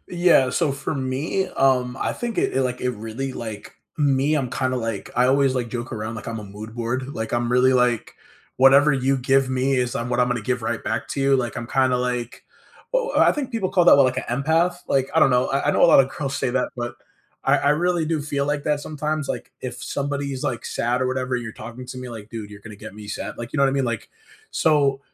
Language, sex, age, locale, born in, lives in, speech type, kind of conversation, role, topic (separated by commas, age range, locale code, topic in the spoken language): English, male, 25-29, en-US, United States, United States, conversation, unstructured, none, What small joy unexpectedly brightened your week?